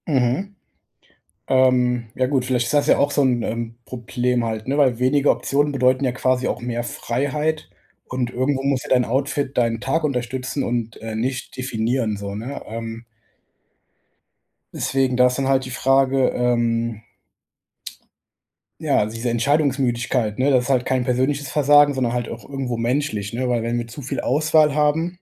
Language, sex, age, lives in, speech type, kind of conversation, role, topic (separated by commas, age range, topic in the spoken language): German, male, 25-29, Germany, conversation, advice, advisor, Was kann ich tun, wenn mich die große Auswahl beim Kleidungskauf überfordert?